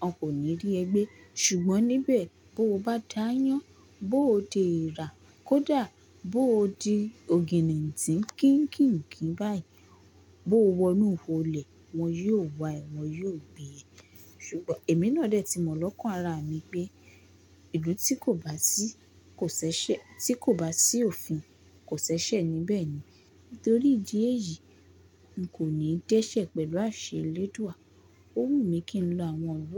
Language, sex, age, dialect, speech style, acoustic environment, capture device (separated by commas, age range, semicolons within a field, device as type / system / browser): Yoruba, female, 18-24, Nigeria - Lagos Yoruba, focused; relaxed, appliances; people talking, mobile / Linux / Chrome